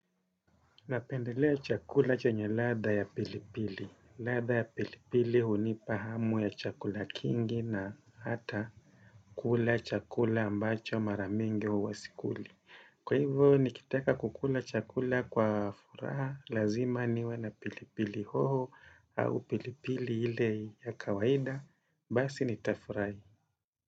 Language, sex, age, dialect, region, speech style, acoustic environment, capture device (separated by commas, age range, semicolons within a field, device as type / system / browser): Swahili, male, 35-44, Kenya - Mombasa, Kenya, happy; relaxed; focused, nature; silence, desktop / Windows / Chrome